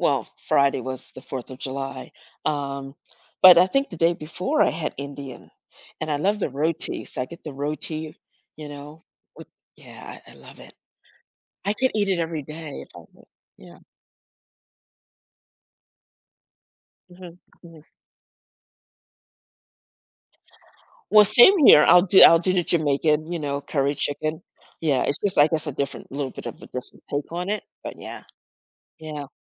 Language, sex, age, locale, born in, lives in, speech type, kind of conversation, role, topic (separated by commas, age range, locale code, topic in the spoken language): English, female, 55-59, en-US, United States, United States, conversation, podcast, guest, How do certain foods become sources of comfort and connection in our lives?